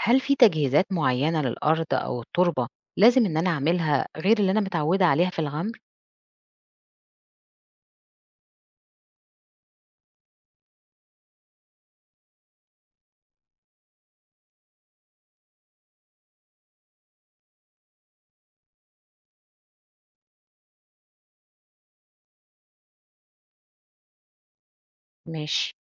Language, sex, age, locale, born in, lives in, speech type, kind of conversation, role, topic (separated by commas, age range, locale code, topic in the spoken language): Arabic, female, 40-44, ar-EG, Egypt, Portugal, read, expert-assistant, asker, هل الري بالتنقيط أحسن من الغمر في زراعة الخضار؟